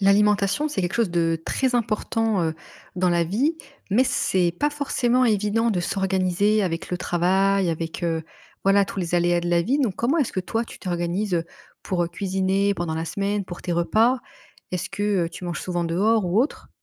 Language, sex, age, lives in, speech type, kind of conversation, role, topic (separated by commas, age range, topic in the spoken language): French, female, 25-29, France, conversation, podcast, host, Comment organises-tu concrètement tes repas de la semaine ?